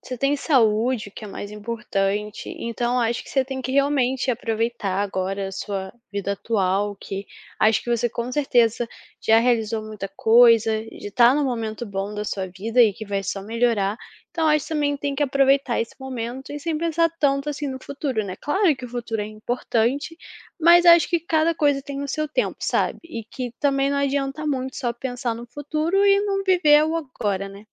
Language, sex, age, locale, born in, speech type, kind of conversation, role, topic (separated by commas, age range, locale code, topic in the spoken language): Portuguese, female, 20-24, pt-BR, Brazil, conversation, advice, advisor, Como você está vivenciando a crise dos trinta ou dos quarenta anos, ao questionar suas escolhas e o sentido da vida?